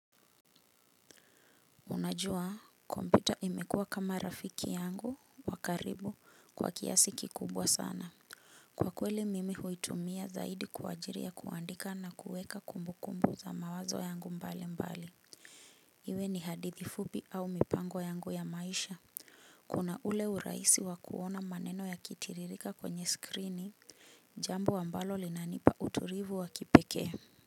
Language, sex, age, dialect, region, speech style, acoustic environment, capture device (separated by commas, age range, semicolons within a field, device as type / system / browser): Swahili, female, 35-44, Kenya - Nairobi Sheng-influenced, Kenya, happy; relaxed, nature, mobile / Linux / Chrome